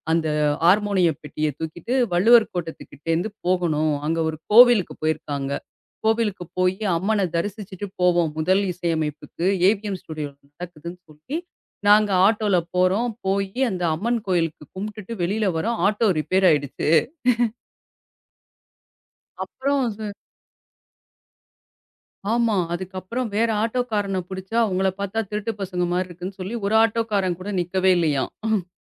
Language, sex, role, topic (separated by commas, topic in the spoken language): Tamil, female, guest, உங்கள் வாழ்க்கையில் நீங்கள் முதன்முறையாக நேரில் கேட்ட இசை நிகழ்ச்சி எது?